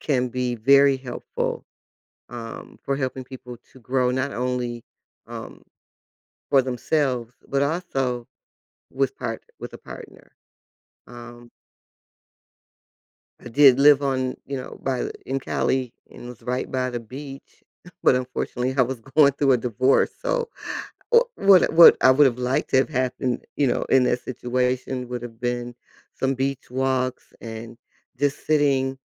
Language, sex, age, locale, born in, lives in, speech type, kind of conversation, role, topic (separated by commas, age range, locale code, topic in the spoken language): English, female, 60-64, en-US, United States, United States, conversation, unstructured, none, How can practicing mindfulness together strengthen relationships?